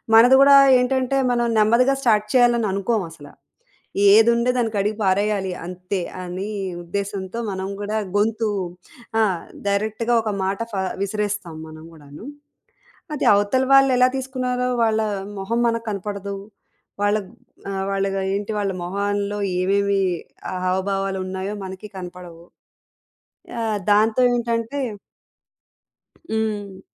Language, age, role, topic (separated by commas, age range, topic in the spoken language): Telugu, 35-39, guest, ఫోన్‌ కాల్‌లలో మంచి స్వరంతో మాట్లాడడానికి మీరు ఏమి సూచిస్తారు?